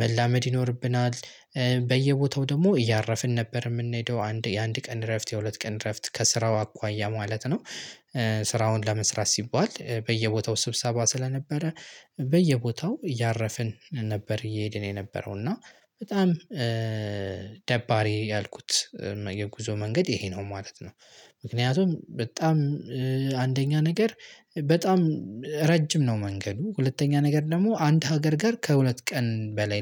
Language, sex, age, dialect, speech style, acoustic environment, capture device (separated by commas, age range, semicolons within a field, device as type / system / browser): Amharic, male, 18-24, Ethiopia - Gojjam, happy, silence, mobile / Linux / Chrome